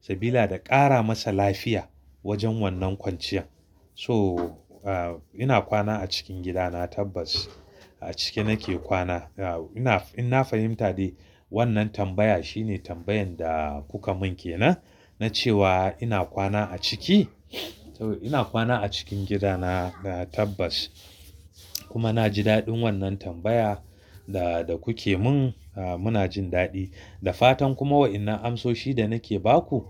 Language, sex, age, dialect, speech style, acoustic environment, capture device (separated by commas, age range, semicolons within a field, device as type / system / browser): Hausa, male, 35-44, Nigeria - Kano, excited; happy, silence, mobile / Linux / Chrome